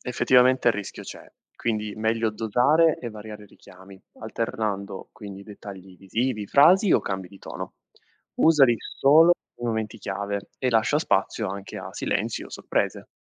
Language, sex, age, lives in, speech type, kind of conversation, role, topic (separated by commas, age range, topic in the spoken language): Italian, male, 25-29, Italy, read, expert-assistant, expert, Come si può raccontare una storia alternando tempi e dettagli senza confondere il lettore?